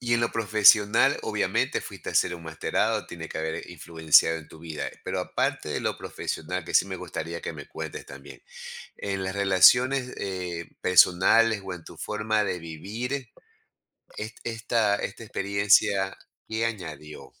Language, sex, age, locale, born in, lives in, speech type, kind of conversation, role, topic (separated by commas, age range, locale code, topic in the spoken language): Spanish, male, 55-59, es-EC, Ecuador, United States, conversation, podcast, host, ¿Qué viaje te marcó de por vida y por qué?